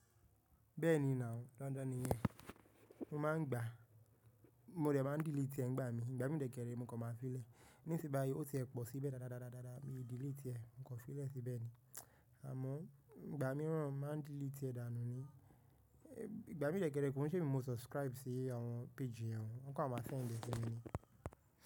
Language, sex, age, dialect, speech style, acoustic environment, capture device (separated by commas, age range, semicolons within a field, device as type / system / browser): Yoruba, male, 18-24, Nigeria - Nigerian Standard, tired, nature, mobile / macOS / Safari